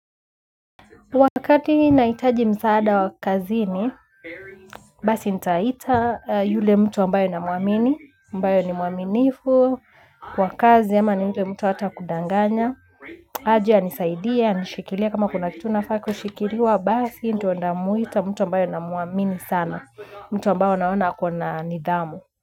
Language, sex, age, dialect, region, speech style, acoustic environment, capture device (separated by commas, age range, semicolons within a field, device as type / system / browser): Swahili, female, 25-34, Kenya - Nairobi Sheng-influenced, Kenya, focused, nature, mobile / Linux / Chrome